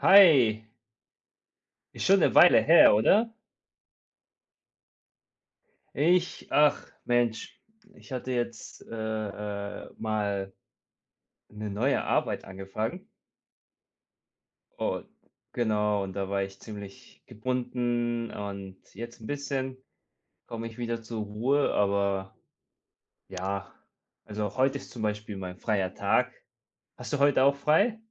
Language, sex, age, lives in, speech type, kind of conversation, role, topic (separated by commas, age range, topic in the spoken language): German, male, 30-34, Germany, conversation, unstructured, none, Was bedeutet für dich ein perfekter Feierabend?